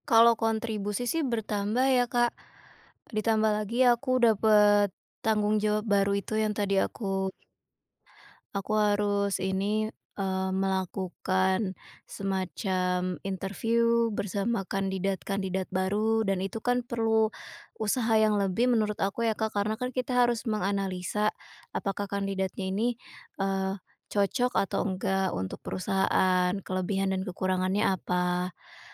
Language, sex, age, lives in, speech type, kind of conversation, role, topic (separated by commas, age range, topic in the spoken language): Indonesian, female, 25-29, Indonesia, conversation, advice, user, Bagaimana cara meminta kenaikan gaji setelah bekerja lama di perusahaan?